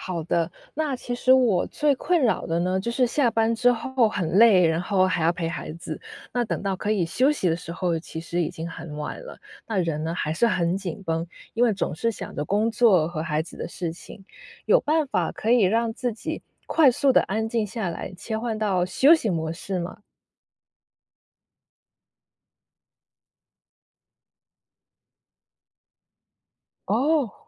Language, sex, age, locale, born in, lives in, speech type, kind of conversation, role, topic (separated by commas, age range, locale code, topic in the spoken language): Chinese, female, 30-34, zh-CN, China, United States, read, expert-assistant, asker, 在忙碌生活中，哪些身体反应最值得我优先注意？